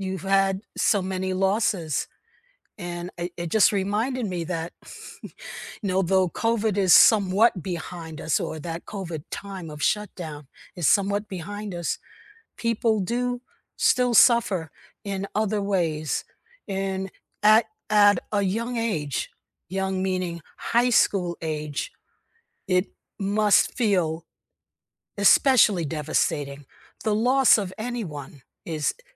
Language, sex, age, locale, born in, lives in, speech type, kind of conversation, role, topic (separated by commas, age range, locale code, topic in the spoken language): English, female, 70-74, en-US, United States, United States, conversation, unstructured, none, What does it feel like to find joy again after a loss?